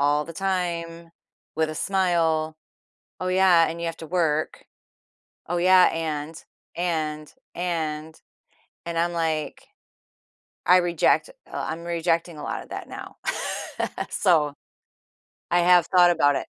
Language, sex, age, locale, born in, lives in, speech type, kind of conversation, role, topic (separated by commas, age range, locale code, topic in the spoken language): English, female, 50-54, en-US, United States, United States, conversation, advice, user, How can I balance work and family better?